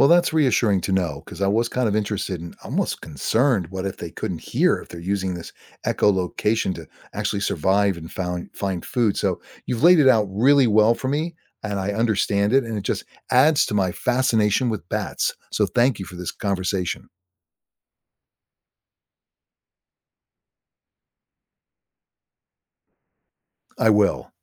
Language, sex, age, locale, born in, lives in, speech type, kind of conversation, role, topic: English, male, 60-64, en-US, United States, United States, read, expert-assistant, asker, How do bats use echolocation to find food in the dark?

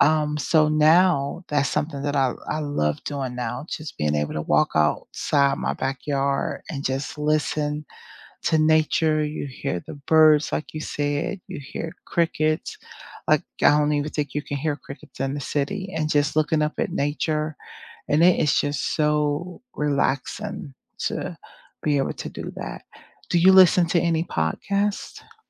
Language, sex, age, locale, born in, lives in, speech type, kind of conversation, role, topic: English, female, 50-54, en-US, United States, United States, conversation, unstructured, none, What music, podcasts, or sounds lift your spirits?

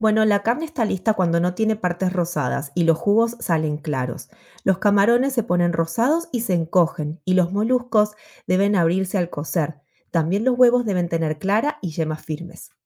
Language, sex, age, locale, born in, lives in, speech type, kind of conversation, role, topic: Spanish, female, 35-39, es-AR, Argentina, United States, read, expert-assistant, expert, ¿Qué trucos sencillos puedo usar en casa para asegurar que la comida sea segura?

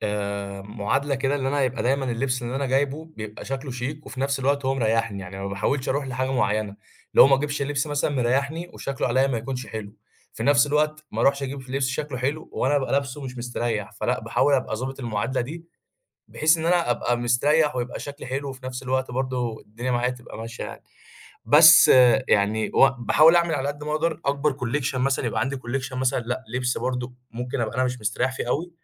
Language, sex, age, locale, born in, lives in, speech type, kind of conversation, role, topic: Arabic, male, 20-24, ar-EG, Egypt, Egypt, conversation, podcast, guest, إزاي بتوازن بين الراحة والشياكة في لبسك؟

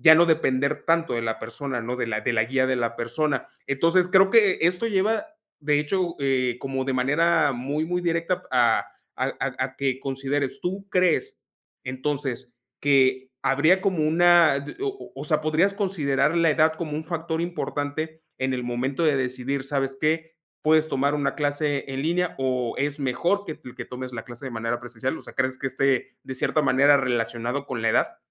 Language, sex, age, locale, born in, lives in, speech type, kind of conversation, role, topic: Spanish, male, 35-39, es-MX, Mexico, Mexico, conversation, podcast, host, ¿Qué opinas de aprender en línea en comparación con aprender de forma presencial?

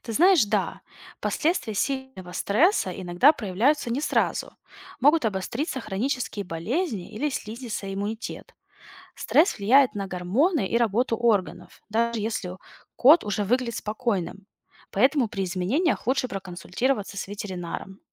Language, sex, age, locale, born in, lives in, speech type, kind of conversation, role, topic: Russian, female, 30-34, ru-RU, Russia, United States, read, expert-assistant, expert, Что происходит с организмом животного, когда оно испытывает стресс?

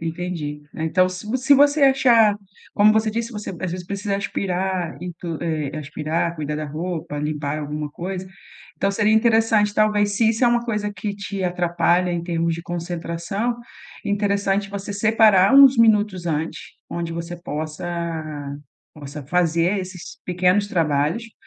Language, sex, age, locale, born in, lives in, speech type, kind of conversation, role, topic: Portuguese, female, 40-44, pt-BR, Brazil, Portugal, conversation, advice, advisor, Como posso recuperar o foco após interrupções?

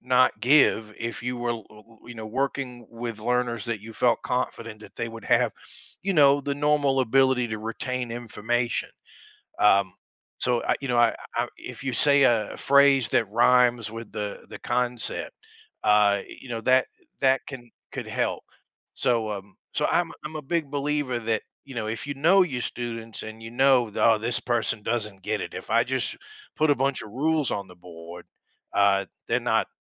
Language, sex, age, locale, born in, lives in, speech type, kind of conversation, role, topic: English, male, 45-49, en-US, United States, United States, conversation, podcast, guest, How do great teachers inspire and connect with their students to make learning meaningful?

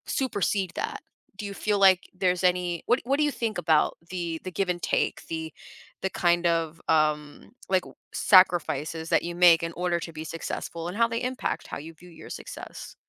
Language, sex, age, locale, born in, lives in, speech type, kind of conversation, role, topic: English, female, 25-29, en-US, United States, United States, conversation, podcast, host, How do our personal values shape what we consider true success?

